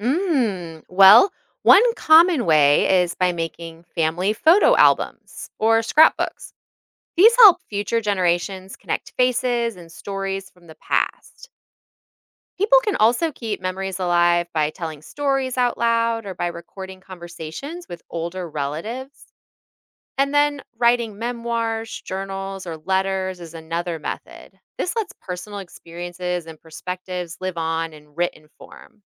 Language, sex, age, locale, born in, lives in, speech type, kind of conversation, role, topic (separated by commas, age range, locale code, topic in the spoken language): English, female, 40-44, en-US, United States, United States, read, expert-assistant, expert, How do people preserve memories for future generations?